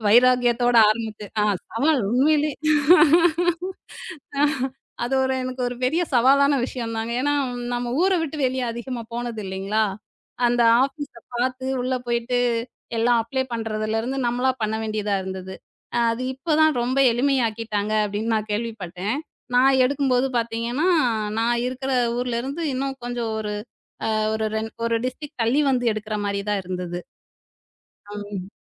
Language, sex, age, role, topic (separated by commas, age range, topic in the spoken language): Tamil, female, 35-39, guest, அனுபவத்தின் அடிப்படையில் நீங்கள் பிறருக்கு சொல்லும் ஒரு எளிய பயணக் குறிப்பு என்ன?